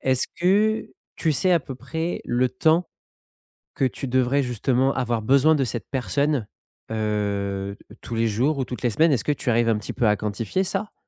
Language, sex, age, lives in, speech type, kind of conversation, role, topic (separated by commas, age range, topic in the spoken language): French, male, 30-34, France, conversation, advice, advisor, Pourquoi est-ce que j’hésite à embaucher mon premier employé ?